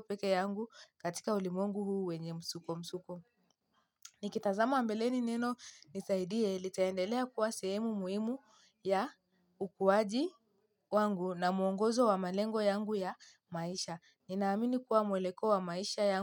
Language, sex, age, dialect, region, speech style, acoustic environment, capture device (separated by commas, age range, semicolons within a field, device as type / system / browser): Swahili, female, 18-24, Kenya - Mombasa, Kenya, happy; tired, silence, mobile / Linux / Chrome